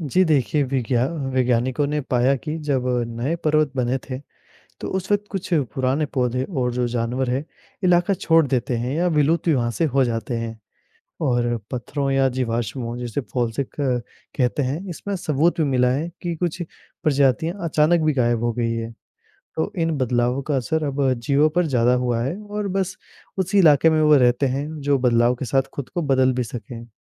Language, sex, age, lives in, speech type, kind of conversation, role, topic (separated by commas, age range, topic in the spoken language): Hindi, male, 20-24, India, read, expert-assistant, expert, पर्वत कैसे बनते हैं?